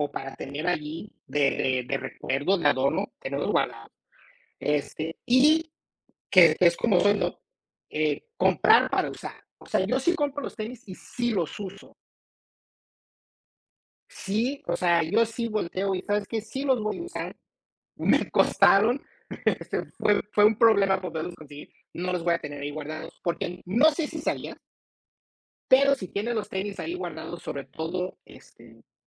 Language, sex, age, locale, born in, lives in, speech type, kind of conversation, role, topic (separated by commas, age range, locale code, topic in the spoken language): Spanish, male, 45-49, es-MX, United States, United States, conversation, unstructured, none, ¿Crees que los pasatiempos pueden ayudar a reducir el estrés?